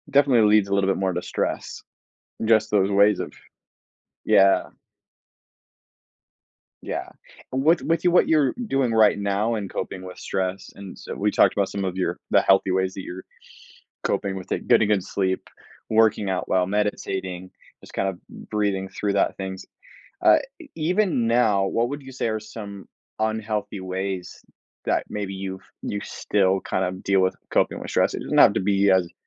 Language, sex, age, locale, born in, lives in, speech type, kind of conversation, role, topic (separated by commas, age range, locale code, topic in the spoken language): English, male, 20-24, en-US, United States, United States, conversation, podcast, host, What habits or routines help you handle stress in everyday life?